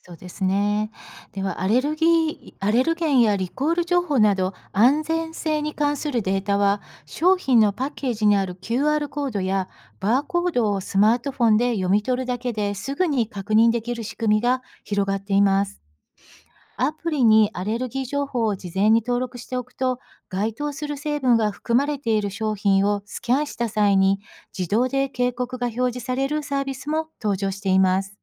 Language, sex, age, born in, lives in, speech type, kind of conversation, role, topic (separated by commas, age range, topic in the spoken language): Japanese, female, 55-59, Japan, United States, read, expert-assistant, expert, 食品の安全と新しい技術の進化で買い物はどう変わりますか？